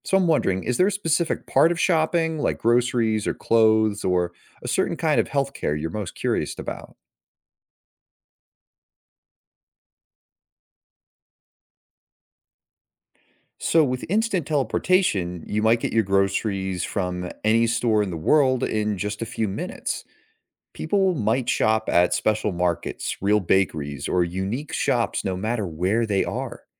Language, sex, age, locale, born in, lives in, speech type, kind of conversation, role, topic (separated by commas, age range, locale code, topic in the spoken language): English, male, 25-29, en-US, United States, United States, read, expert-assistant, expert, How would society change if instant teleportation existed?